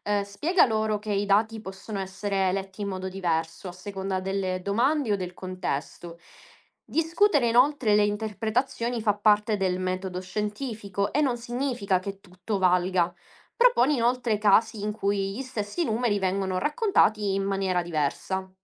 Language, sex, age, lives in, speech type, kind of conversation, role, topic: Italian, female, 25-29, Italy, read, expert-assistant, expert, Come posso organizzare le diverse opinioni e notizie che leggo online per farmi un’idea chiara di quello che succede nel mondo?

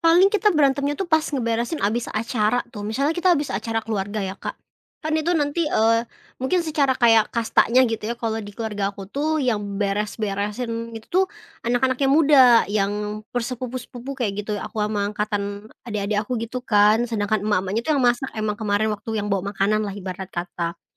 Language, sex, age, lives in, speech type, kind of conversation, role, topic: Indonesian, female, 25-29, Indonesia, conversation, podcast, guest, Bagaimana cara kalian membagi peran di rumah?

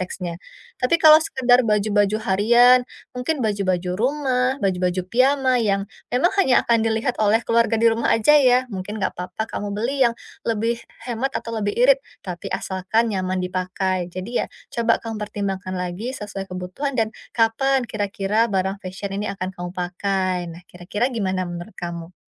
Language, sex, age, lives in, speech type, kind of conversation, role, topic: Indonesian, female, 30-34, Indonesia, conversation, advice, advisor, Bagaimana cara berbelanja sesuai anggaran tanpa mengorbankan kualitas?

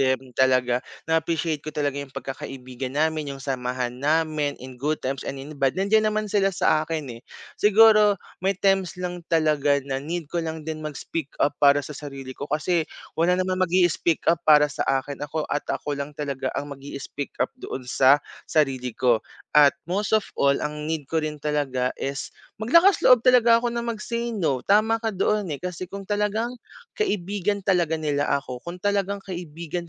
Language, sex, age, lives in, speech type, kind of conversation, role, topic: Filipino, male, 25-29, Philippines, conversation, advice, user, Paano ko haharapin ang takot na matanggihan kapag lumalapit ako para makipagkaibigan?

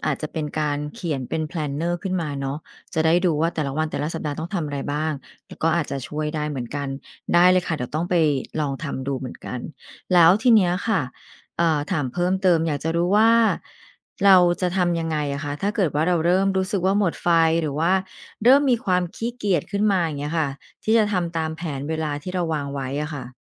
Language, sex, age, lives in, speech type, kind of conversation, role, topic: Thai, female, 45-49, Thailand, read, expert-assistant, asker, แนวทางการวางแผนเวลาอย่างมีประสิทธิภาพ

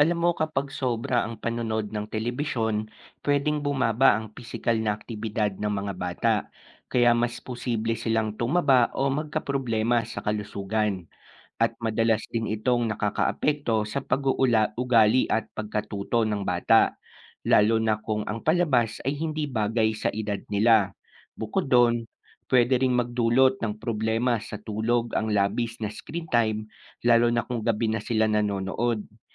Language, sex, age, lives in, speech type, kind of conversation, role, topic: Filipino, male, 25-29, Philippines, read, expert-assistant, expert, Ano ang mga epekto ng labis na panonood ng telebisyon sa mga bata?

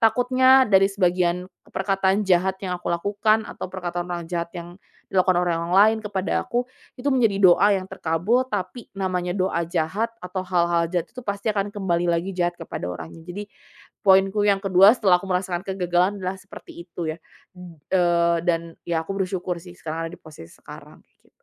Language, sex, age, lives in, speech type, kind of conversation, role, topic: Indonesian, female, 25-29, Indonesia, conversation, podcast, guest, Bagaimana cara kamu memaafkan diri sendiri setelah mengalami kegagalan?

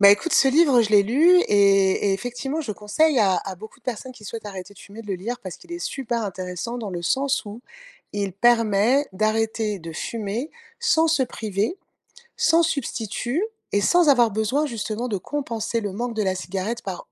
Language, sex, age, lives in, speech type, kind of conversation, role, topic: French, female, 50-54, France, conversation, advice, advisor, Comment puis-je mieux gérer mes fringales entre les repas ?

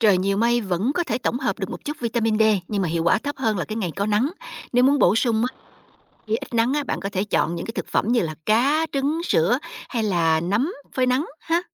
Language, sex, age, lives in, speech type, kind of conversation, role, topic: Vietnamese, female, 45-49, United States, read, expert-assistant, expert, Tập thể dục có thật sự giúp tăng sức đề kháng không, hay chỉ là lời khuyên truyền miệng?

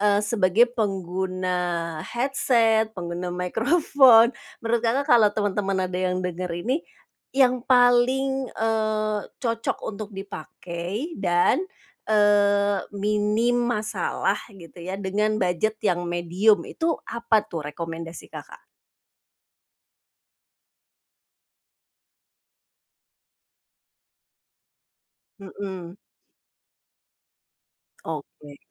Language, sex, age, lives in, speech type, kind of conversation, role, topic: Indonesian, female, 45-49, Indonesia, conversation, podcast, host, Apakah kamu pernah ikut komunitas daring atau lokal yang berkaitan dengan hobimu?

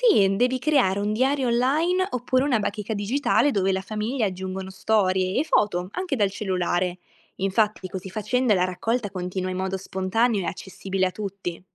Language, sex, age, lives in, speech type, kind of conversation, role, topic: Italian, female, 20-24, Italy, read, expert-assistant, expert, Come posso raccogliere e organizzare storie di famiglia per capire meglio le tradizioni culturali che si tramandano?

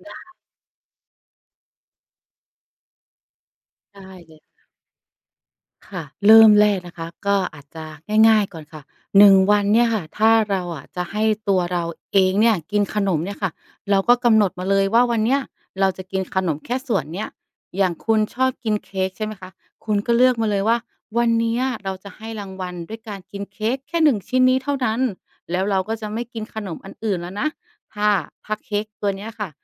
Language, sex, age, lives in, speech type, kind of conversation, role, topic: Thai, female, 35-39, Thailand, conversation, advice, advisor, ฉันจะควบคุมความอยากกินของว่างได้อย่างไร?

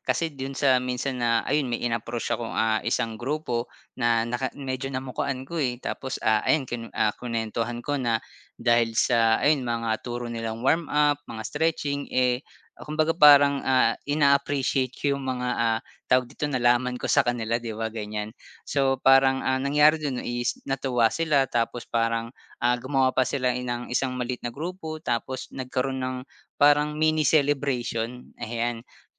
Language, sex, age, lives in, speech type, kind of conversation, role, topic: Filipino, male, 30-34, Philippines, conversation, podcast, guest, Paano ka naghahanap o bumubuo ng komunidad para sa iyong libangan?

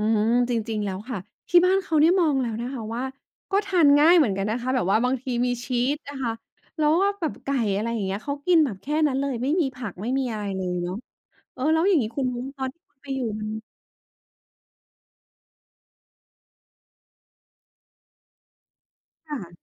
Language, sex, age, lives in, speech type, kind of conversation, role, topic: Thai, female, 35-39, Thailand, conversation, podcast, host, อาหารจานไหนช่วยสอนให้เด็กเข้าใจวัฒนธรรมของบ้านเราได้ดีที่สุด?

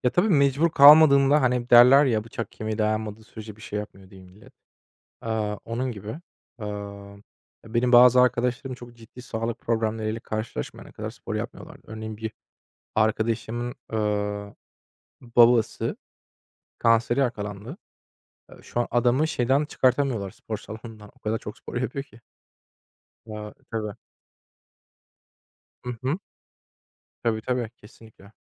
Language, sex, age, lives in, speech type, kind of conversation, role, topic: Turkish, male, 25-29, Germany, conversation, unstructured, none, Sizce spor yapmanın en keyifli yanları nelerdir?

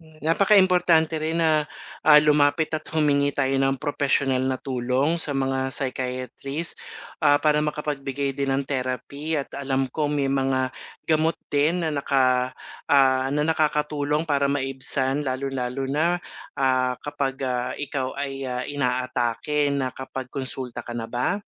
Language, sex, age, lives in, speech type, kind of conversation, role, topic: Filipino, male, 45-49, Philippines, conversation, advice, advisor, Paano ko mababago ang pag-aalala ko tungo sa konkretong aksyon?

